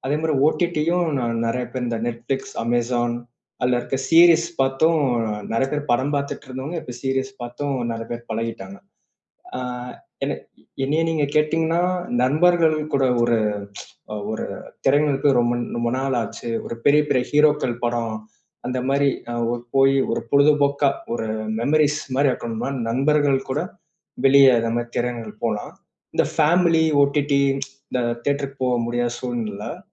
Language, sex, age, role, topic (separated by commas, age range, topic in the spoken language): Tamil, male, 25-29, guest, நீங்கள் வீட்டில் ஒளிபரப்பாக பார்க்கிறீர்களா அல்லது திரையரங்கில் பார்க்கிறீர்களா—எதை ஏன் அதிகம் விரும்புகிறீர்கள்?